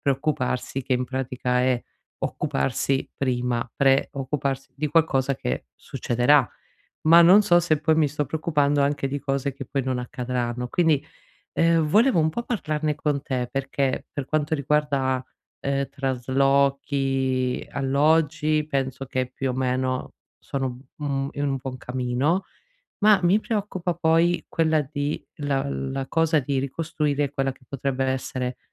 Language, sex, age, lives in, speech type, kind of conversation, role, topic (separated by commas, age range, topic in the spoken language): Italian, female, 40-44, Italy, conversation, advice, user, Come posso creare una routine familiare stabile dopo il trasferimento?